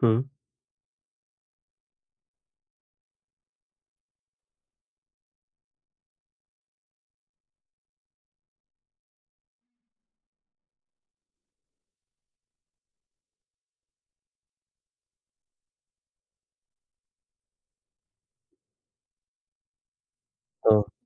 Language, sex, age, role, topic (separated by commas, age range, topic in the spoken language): Marathi, male, 35-39, host, लहानपणी आईवडिलांनी तुम्हाला किती स्वातंत्र्य दिलं, आणि त्याचा तुमच्यावर काय परिणाम झाला?